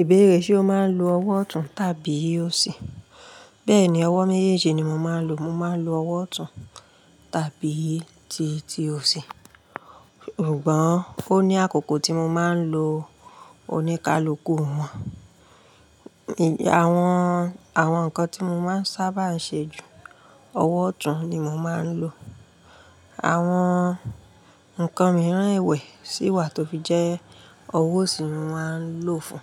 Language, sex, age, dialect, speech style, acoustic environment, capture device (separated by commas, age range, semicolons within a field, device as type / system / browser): Yoruba, female, 35-44, Nigeria - Ibadan, happy; relaxed, appliances; nature; people talking, mobile / Linux / Chrome